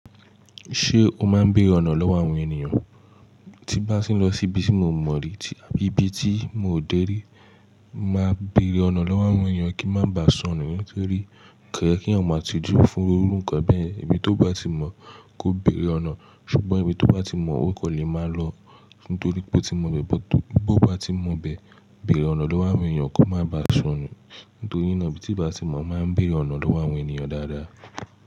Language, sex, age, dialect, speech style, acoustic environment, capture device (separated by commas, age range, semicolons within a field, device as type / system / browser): Yoruba, male, 18-24, Nigeria - Nigerian Standard, focused, silence, mobile / Linux / Chrome